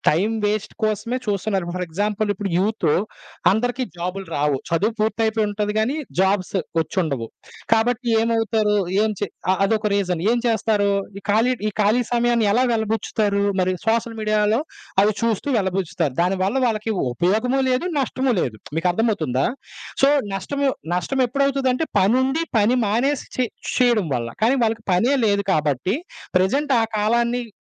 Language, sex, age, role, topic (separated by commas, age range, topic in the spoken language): Telugu, male, 25-29, guest, సోషల్ మీడియా నీ రోజువారీ జీవితం ఎలా మార్చింది?